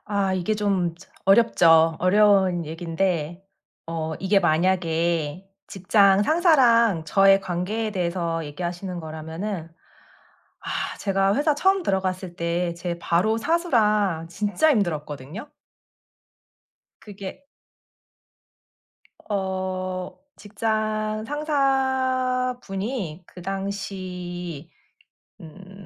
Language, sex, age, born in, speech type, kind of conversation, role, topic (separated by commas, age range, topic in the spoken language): Korean, female, 35-39, South Korea, conversation, podcast, guest, 직장에서 좋은 인간관계를 유지하려면 무엇이 가장 중요할까요?